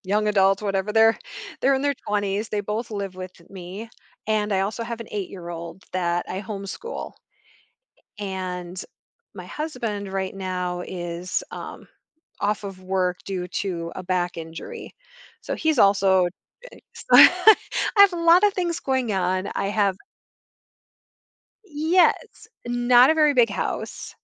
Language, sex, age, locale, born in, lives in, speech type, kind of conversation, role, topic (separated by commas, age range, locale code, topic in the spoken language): English, female, 45-49, en-US, United States, United States, conversation, advice, user, How can i balance my work responsibilities while making time for my family?